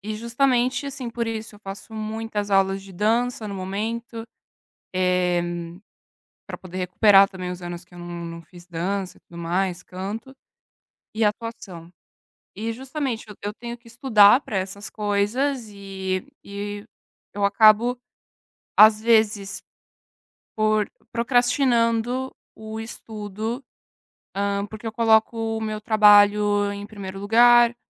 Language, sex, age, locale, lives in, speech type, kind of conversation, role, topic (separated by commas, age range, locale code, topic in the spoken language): Portuguese, female, 20-24, pt-BR, Italy, conversation, advice, user, Como posso evitar que a procrastinação sempre vença quando tento criar?